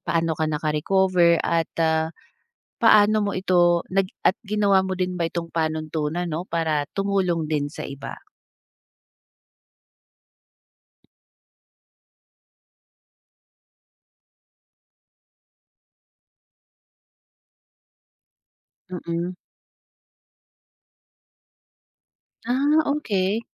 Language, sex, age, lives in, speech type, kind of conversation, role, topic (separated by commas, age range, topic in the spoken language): Filipino, female, 30-34, Philippines, conversation, podcast, host, Maaari mo bang ikuwento kung paano ka tinulungan ng mga kaibigan mo noong dumaan ka sa matinding pagsubok?